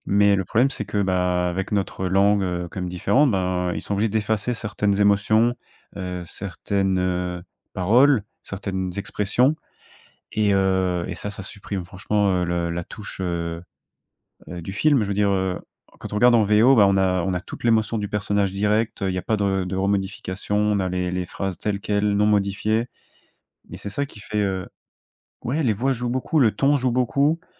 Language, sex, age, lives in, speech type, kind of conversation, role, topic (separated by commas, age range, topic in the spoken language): French, male, 25-29, France, conversation, podcast, guest, Quel est le film qui t’a vraiment marqué, et pourquoi celui-là ?